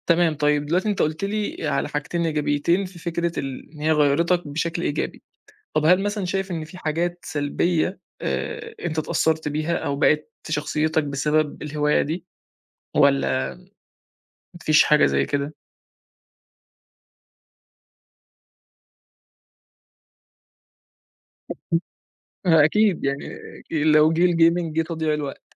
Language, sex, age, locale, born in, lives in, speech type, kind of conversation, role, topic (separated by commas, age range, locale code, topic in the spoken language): Arabic, male, 20-24, ar-EG, Egypt, Egypt, conversation, podcast, host, إزاي الهواية دي غيّرتك كشخص؟